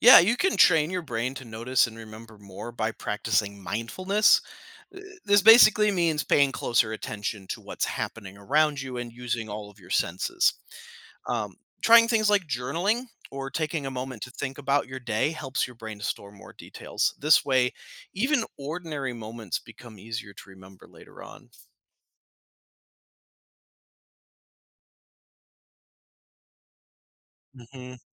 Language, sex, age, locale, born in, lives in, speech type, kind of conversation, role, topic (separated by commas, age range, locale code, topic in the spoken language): English, male, 35-39, en-US, United States, United States, read, expert-assistant, expert, How does your brain interpret time?